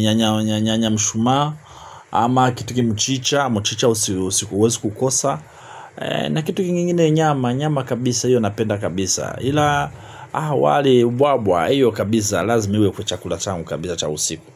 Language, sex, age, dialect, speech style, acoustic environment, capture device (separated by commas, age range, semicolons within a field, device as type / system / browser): Swahili, male, 45-54, Tanzania - Dar es Salaam, relaxed, people talking, mobile / Linux / Chrome